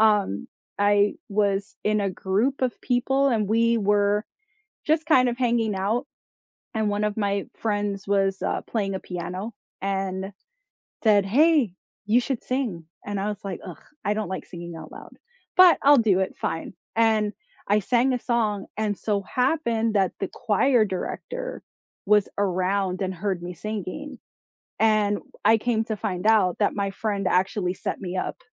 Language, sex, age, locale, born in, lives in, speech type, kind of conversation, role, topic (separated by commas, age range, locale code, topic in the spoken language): English, female, 35-39, en-US, United States, United States, conversation, unstructured, none, What was your favorite subject in school, and why?